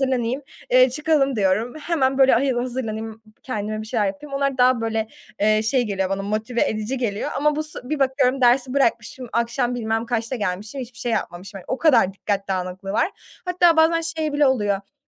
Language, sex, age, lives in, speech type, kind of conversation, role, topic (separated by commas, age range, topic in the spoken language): Turkish, female, 20-24, Germany, conversation, advice, user, Sık kesintiler yüzünden odaklanmakta neden zorlanıyorum?